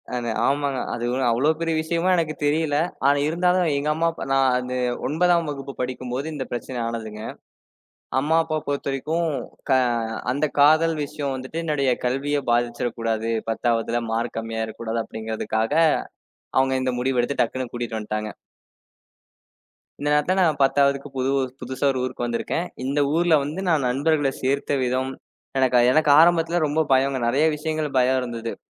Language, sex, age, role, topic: Tamil, male, 20-24, guest, புதிதாக வந்த ஊரில் நீங்கள் எப்படி நண்பர்களைச் சேர்த்துக் கொள்வீர்கள்?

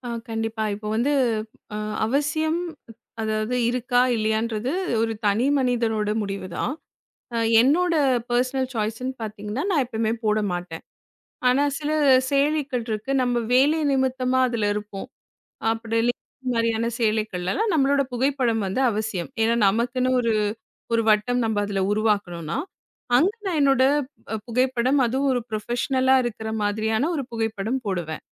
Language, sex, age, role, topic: Tamil, female, 35-39, guest, சமூக வலைதளங்களில் உங்கள் தோற்றத்தை காட்டுவது உங்களுக்கு முக்கியமா?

